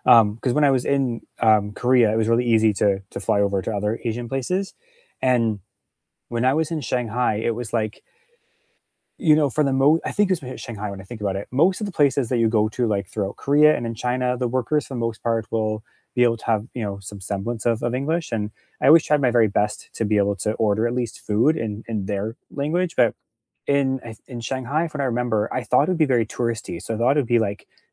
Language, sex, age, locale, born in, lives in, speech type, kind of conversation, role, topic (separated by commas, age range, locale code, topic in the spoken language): English, male, 35-39, en-CA, Canada, Canada, conversation, unstructured, none, What do you enjoy most about traveling to new places?